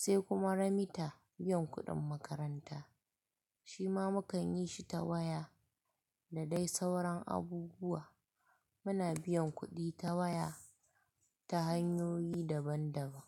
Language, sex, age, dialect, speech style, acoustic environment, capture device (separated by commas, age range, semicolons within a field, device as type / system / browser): Hausa, female, 25-34, Nigeria - Kano, happy, people talking, mobile / Linux / Chrome